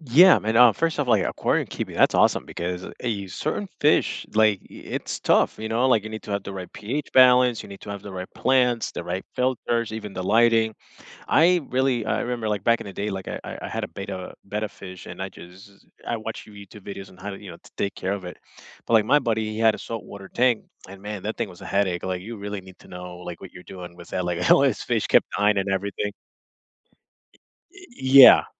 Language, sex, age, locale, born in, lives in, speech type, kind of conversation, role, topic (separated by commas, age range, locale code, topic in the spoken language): English, male, 25-29, en-US, United States, United States, conversation, unstructured, none, Which hobbies have you tried after discovering them on YouTube, TikTok, or podcasts, and what kept you going?